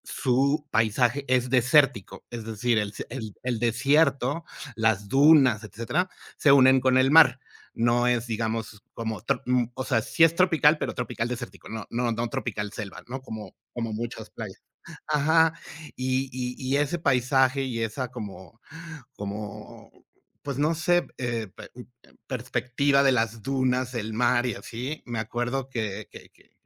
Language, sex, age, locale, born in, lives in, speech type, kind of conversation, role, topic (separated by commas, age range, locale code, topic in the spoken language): Spanish, male, 45-49, es-MX, Mexico, Mexico, conversation, unstructured, none, ¿Cuál es tu lugar favorito para estar en la naturaleza?